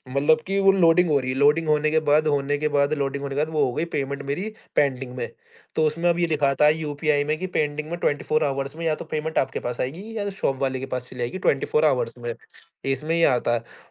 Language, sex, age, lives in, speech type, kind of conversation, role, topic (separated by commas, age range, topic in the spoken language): Hindi, male, 20-24, India, conversation, podcast, guest, Online banking aur UPI ne tumhara paisa sambhalne ka tareeka kaise badla?